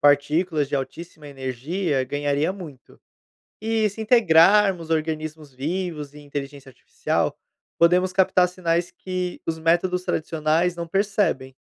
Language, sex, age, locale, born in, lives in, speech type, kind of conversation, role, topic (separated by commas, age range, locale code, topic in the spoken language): Portuguese, male, 25-29, pt-BR, Brazil, Portugal, read, expert-assistant, expert, Quais ideias diferentes poderíamos ter para observar o universo sem usar telescópios tradicionais?